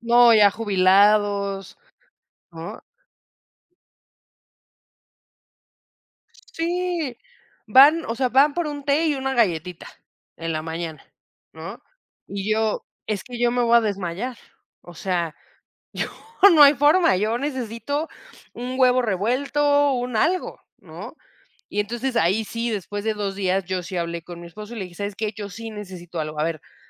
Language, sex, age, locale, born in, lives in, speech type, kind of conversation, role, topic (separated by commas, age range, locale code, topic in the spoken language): Spanish, female, 30-34, es-MX, Mexico, Mexico, conversation, podcast, guest, ¿Qué dilemas enfrentas al intentar encajar sin perder tus raíces?